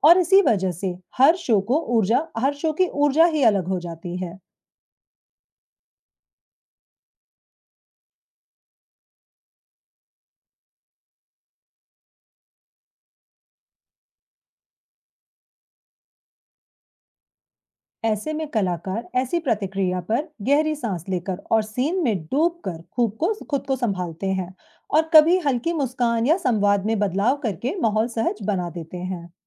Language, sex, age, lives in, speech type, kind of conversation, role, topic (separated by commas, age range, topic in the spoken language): Hindi, female, 35-39, India, read, expert-assistant, expert, जब मंच पर अचानक कोई गड़बड़ हो जाए, तो कलाकार उसे कैसे संभाल सकते हैं?